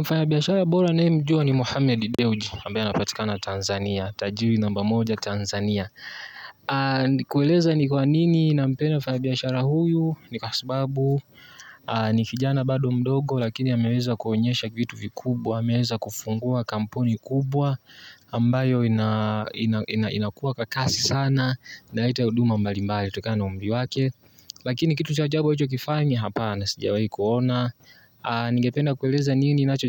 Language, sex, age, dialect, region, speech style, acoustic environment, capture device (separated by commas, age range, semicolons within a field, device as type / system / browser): Swahili, male, 18-24, Tanzania - Dar es Salaam, Tanzania, anxious, nature, mobile / Linux / Chrome